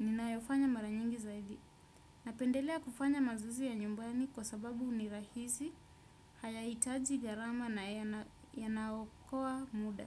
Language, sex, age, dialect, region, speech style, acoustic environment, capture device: Swahili, female, 25-34, Kenya - Mombasa, Kenya, nervous, nature, desktop / Windows / Chrome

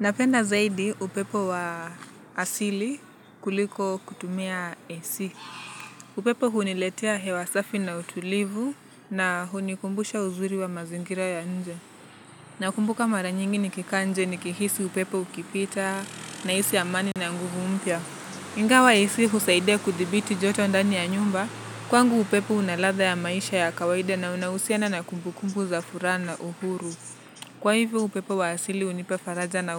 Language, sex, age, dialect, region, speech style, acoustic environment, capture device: Swahili, male, 18-24, Kenya - Nairobi Sheng-influenced, Kenya, relaxed, nature; traffic, mobile / Linux / Chrome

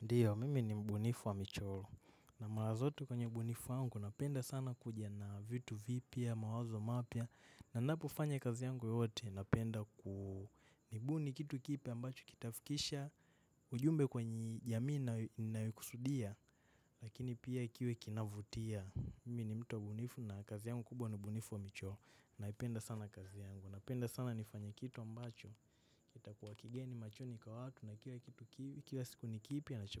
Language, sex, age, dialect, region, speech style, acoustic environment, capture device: Swahili, male, 18-24, Kenya - Nairobi Sheng-influenced, Kenya, relaxed, nature, mobile / macOS / Safari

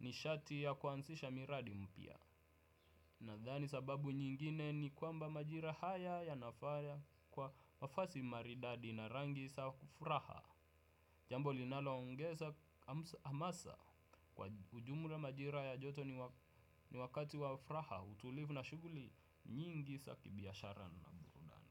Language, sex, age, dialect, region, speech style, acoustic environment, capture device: Swahili, male, 18-24, Kenya - Nairobi Sheng-influenced, Kenya, happy; relaxed; focused; excited, people talking; music; nature; appliances; construction; traffic, desktop / Windows / Chrome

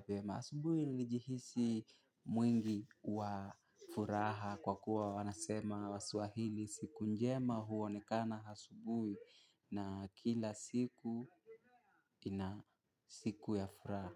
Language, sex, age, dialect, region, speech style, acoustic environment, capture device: Swahili, male, 18-24, Kenya - Mombasa, Kenya, happy, silence, mobile / Linux / Chrome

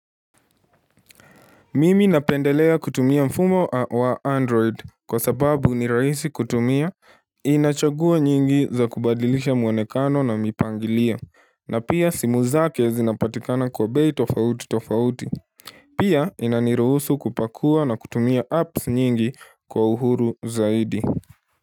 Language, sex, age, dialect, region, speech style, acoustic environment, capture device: Swahili, male, 18-24, Kenya - Nairobi Sheng-influenced, Kenya, relaxed; focused, silence, mobile / Linux / Chrome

